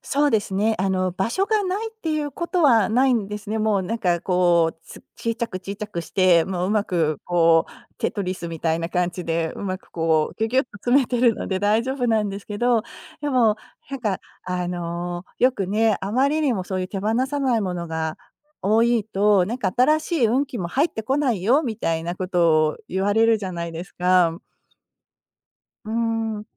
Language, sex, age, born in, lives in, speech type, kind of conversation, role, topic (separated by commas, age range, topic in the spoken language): Japanese, female, 50-54, Japan, United States, conversation, advice, user, ミニマリスト生活を始めたいのですが、何から始めればいいですか？